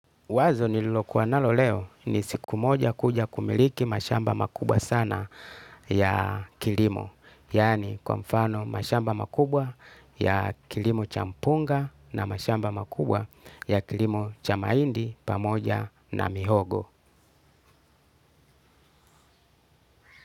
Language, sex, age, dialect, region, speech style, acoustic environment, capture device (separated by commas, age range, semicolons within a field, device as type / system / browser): Swahili, male, 35-44, Tanzania - Dar es Salaam, Tanzania, happy, people talking, desktop / Linux / Chrome